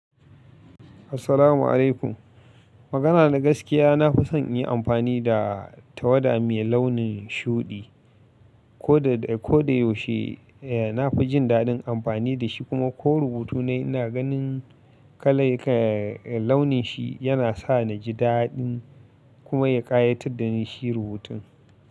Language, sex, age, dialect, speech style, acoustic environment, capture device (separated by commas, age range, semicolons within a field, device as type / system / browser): Hausa, male, 25-34, Nigeria - Katsina, relaxed, appliances, mobile / Linux / Chrome